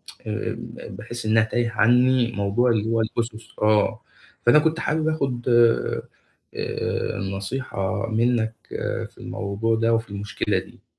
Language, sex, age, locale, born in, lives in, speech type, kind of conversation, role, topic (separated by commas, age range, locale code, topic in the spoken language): Arabic, male, 25-29, ar-EG, Egypt, Egypt, conversation, advice, user, إزاي أخد قرارات في شركتي لما الدنيا تبقى مش واضحة؟